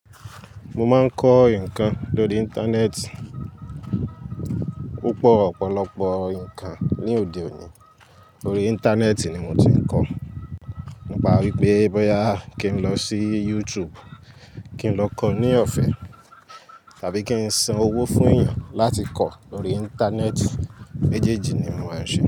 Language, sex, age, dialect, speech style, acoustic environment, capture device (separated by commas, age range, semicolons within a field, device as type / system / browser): Yoruba, male, 18-24, Nigeria - Lagos Yoruba, excited; happy, nature, mobile / Linux / Chrome